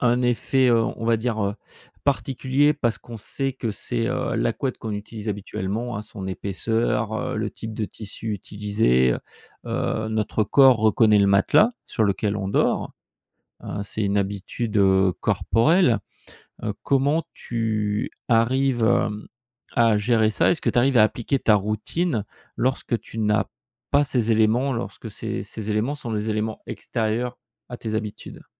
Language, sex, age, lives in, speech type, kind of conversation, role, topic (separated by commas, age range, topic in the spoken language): French, male, 45-49, France, conversation, podcast, host, Quelles routines du coucher fonctionnent le mieux chez vous ?